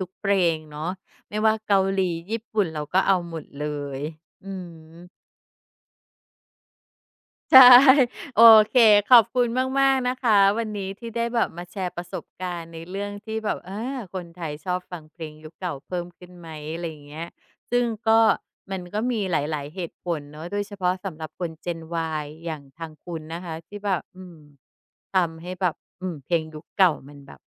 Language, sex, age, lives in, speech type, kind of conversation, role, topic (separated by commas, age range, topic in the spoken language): Thai, female, 45-49, Thailand, conversation, podcast, host, คนไทยฟังเพลงยุคเก่าเพิ่มขึ้นจริงไหม และทำไมถึงเป็นแบบนั้น?